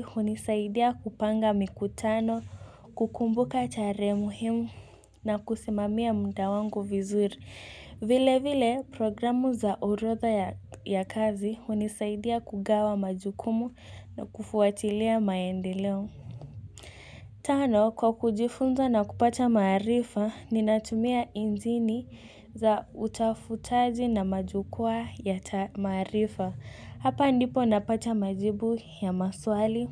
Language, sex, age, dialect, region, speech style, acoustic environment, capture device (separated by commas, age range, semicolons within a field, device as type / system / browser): Swahili, female, 18-24, Kenya - Mombasa, Kenya, tired, music, mobile / Linux / Chrome